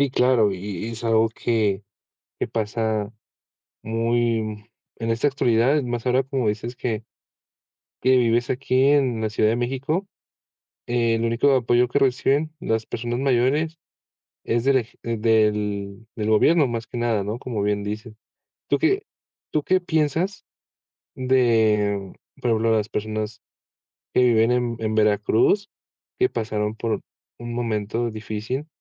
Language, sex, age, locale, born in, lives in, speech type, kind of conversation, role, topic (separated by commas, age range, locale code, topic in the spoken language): Spanish, male, 20-24, es-MX, Mexico, Mexico, conversation, podcast, host, ¿Qué papel juega el apoyo social en tu bienestar?